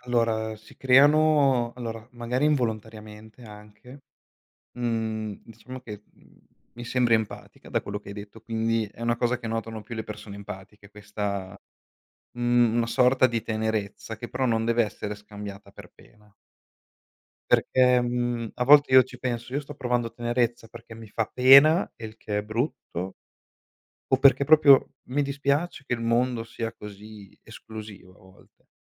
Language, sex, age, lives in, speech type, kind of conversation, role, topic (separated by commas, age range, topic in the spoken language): Italian, male, 25-29, Italy, conversation, unstructured, none, Come si può combattere il bullismo a scuola o nel quartiere?